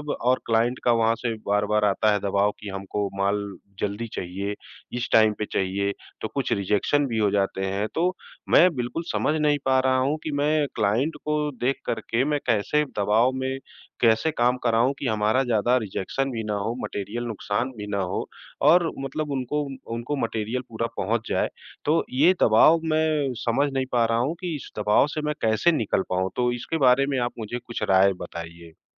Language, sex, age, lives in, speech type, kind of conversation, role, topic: Hindi, male, 40-44, India, conversation, advice, user, समय के दबाव में आपकी रचनात्मकता कैसे ठहर जाती है?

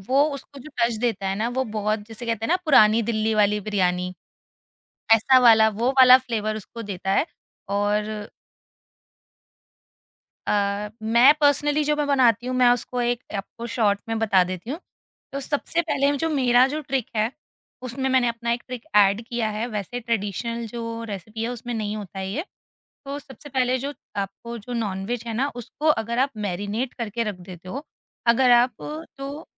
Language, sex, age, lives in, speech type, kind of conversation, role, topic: Hindi, female, 30-34, India, conversation, podcast, guest, Apne culture ki kaunsi comfort food sabko zaroor pata honi chahiye?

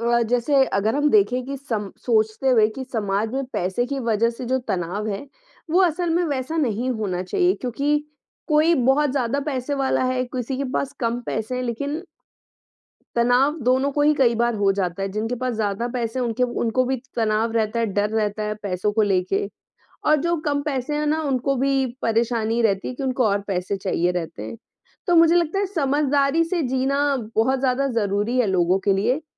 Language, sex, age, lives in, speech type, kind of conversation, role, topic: Hindi, male, 20-24, India, conversation, unstructured, none, पैसे की कमी मानसिक तनाव को कैसे बढ़ाती है?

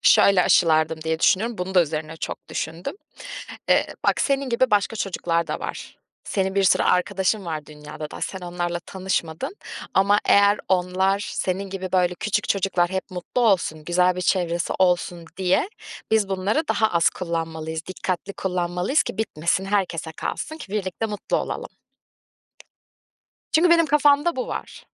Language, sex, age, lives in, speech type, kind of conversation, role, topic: Turkish, female, 25-29, Germany, conversation, podcast, guest, Çevre dostu alışkanlıklarını bizimle paylaşır mısın?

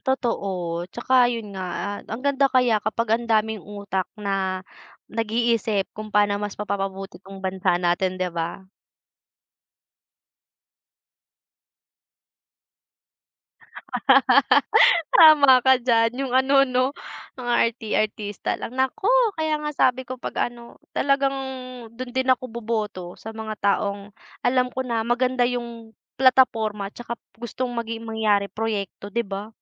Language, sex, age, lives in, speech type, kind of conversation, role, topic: Filipino, female, 20-24, Philippines, conversation, unstructured, none, Ano ang paborito mong programa ng gobyerno at bakit?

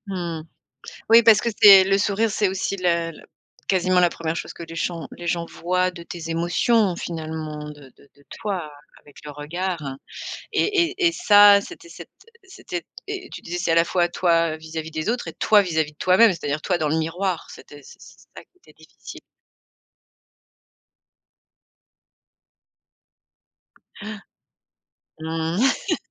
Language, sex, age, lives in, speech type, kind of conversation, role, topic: French, female, 45-49, France, conversation, podcast, host, Peux-tu me raconter un moment où ton look a changé l’image que les autres avaient de toi ?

